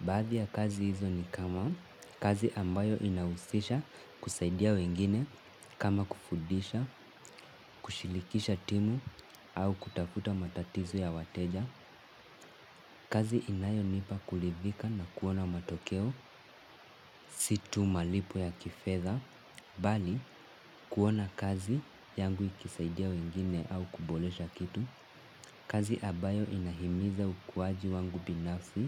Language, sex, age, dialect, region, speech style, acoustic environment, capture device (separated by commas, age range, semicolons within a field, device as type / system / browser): Swahili, male, 18-24, Kenya - Mombasa, Kenya, relaxed, nature, mobile / Linux / Chrome